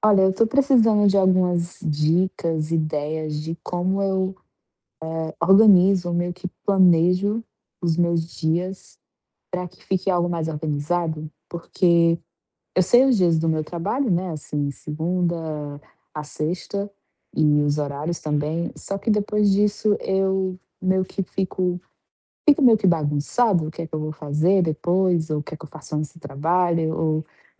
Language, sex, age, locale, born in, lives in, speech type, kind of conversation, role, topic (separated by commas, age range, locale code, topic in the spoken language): Portuguese, female, 25-29, pt-BR, Brazil, Portugal, conversation, advice, user, Como posso organizar o meu dia em blocos de tempo?